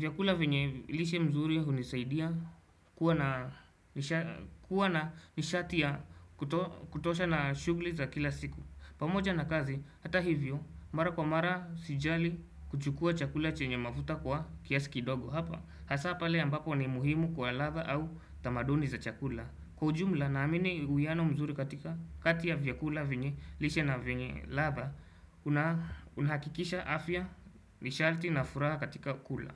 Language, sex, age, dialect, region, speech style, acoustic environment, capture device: Swahili, male, 18-24, Kenya - Nairobi Sheng-influenced, Kenya, happy; anxious; relaxed; nervous; excited; focused, people talking; music; traffic; construction; appliances, desktop / Windows / Chrome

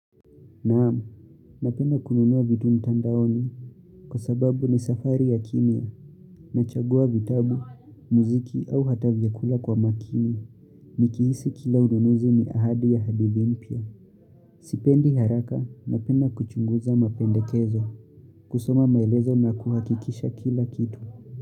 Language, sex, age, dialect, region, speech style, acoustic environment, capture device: Swahili, male, 18-24, Kenya - Nairobi Sheng-influenced, Kenya, relaxed, nature; appliances, mobile / Linux / Chrome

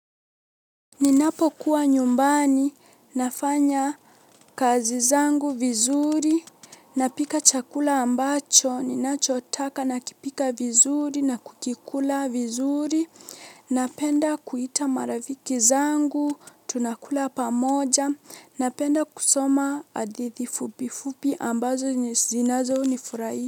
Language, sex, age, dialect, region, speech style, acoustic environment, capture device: Swahili, female, 18-24, Kenya - Mombasa, Kenya, relaxed, silence, mobile / Linux / Chrome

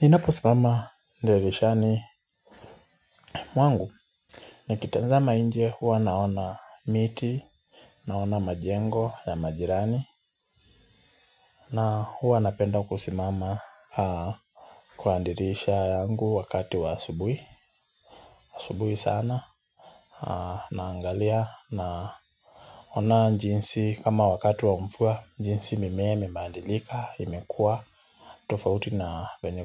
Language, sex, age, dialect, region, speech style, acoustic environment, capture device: Swahili, male, 25-34, Kenya - Nairobi Sheng-influenced, Kenya, relaxed; focused, nature; silence, mobile / Linux / Chrome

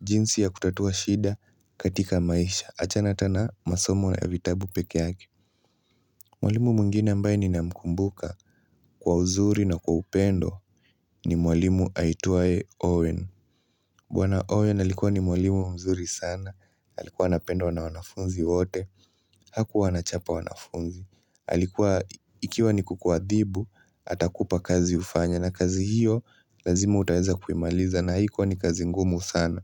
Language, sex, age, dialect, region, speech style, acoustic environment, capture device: Swahili, male, 18-24, Kenya - Nairobi Sheng-influenced, Kenya, relaxed; focused, silence, mobile / Linux / Chrome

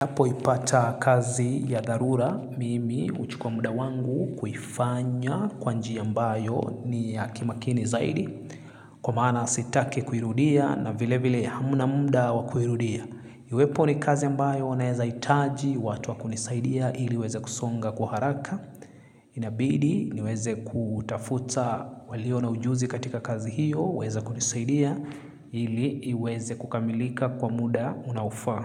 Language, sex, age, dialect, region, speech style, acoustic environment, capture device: Swahili, male, 25-34, Kenya - Nairobi Sheng-influenced, Kenya, anxious; relaxed; excited; focused, silence, mobile / Linux / Chrome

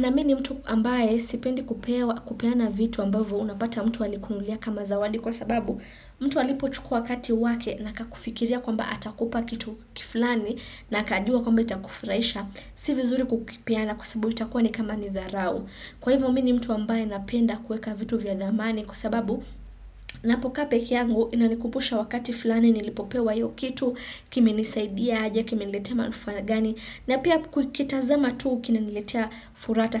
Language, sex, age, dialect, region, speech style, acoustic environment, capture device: Swahili, female, 25-34, Kenya - Nairobi Sheng-influenced, Kenya, happy; focused; excited, silence, desktop / Windows / Chrome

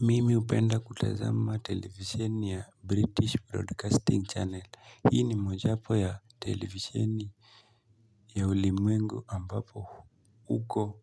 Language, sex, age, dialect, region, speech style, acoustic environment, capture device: Swahili, male, 18-24, Kenya - Nairobi Sheng-influenced, Kenya, nervous; excited, nature, mobile / Linux / Chrome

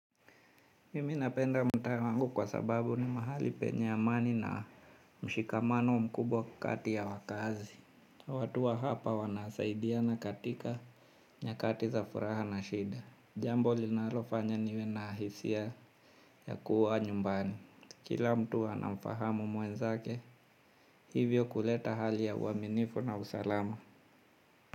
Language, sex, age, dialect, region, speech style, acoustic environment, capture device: Swahili, male, 35-44, Kenya - Mombasa, Kenya, anxious; happy, silence, mobile / Linux / Chrome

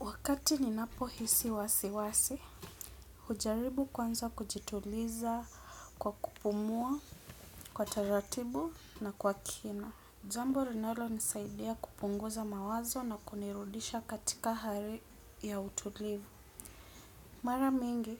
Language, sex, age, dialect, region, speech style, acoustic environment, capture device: Swahili, female, 25-34, Kenya - Nairobi Sheng-influenced, Kenya, relaxed, appliances; music, desktop / Windows / Edge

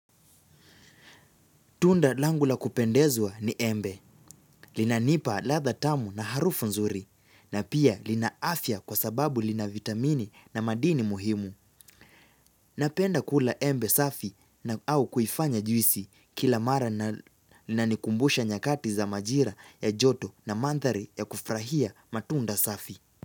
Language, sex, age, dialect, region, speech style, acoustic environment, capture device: Swahili, male, 18-24, Kenya - Nairobi Sheng-influenced, Kenya, relaxed; focused; excited; happy, silence; nature, mobile / Linux / Chrome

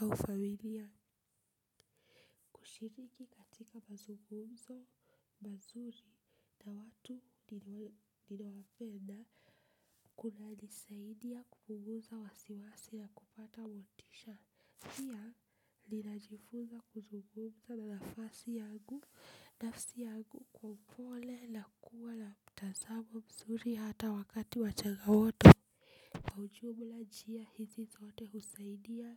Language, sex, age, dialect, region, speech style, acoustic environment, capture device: Swahili, female, 25-34, Kenya - Nairobi Sheng-influenced, Kenya, relaxed, appliances; nature, desktop / Linux / Chrome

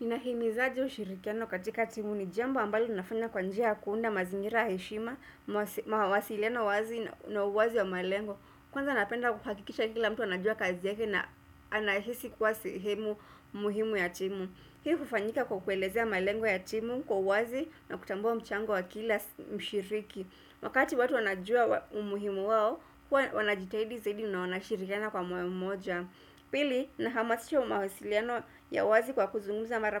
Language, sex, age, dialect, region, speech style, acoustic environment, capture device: Swahili, female, 25-34, Kenya - Nairobi Sheng-influenced, Kenya, relaxed, silence, desktop / Windows / Chrome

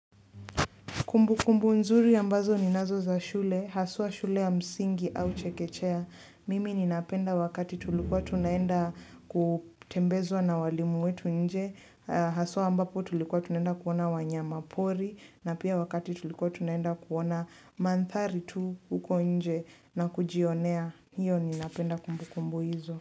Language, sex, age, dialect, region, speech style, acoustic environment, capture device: Swahili, female, 18-24, Kenya - Nairobi Sheng-influenced, Kenya, relaxed, silence, mobile / Linux / Chrome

